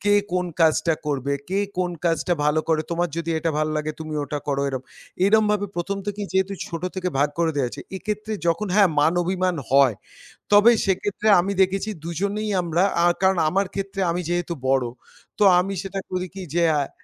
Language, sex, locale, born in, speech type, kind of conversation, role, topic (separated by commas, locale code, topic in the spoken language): Bengali, male, bn-IN, India, conversation, podcast, guest, ভাই-বোনদের মধ্যে জমে থাকা পুরনো রাগ মিটিয়ে সম্পর্ক ঠিক করার সবচেয়ে ভালো উপায় কী?